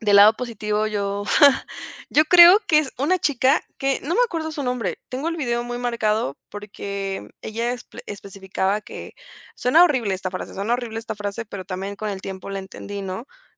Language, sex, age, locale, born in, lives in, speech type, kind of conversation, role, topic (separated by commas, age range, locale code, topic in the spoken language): Spanish, female, 20-24, es-MX, Mexico, Mexico, conversation, podcast, guest, ¿Cómo ves el impacto de los influencers en la salud mental?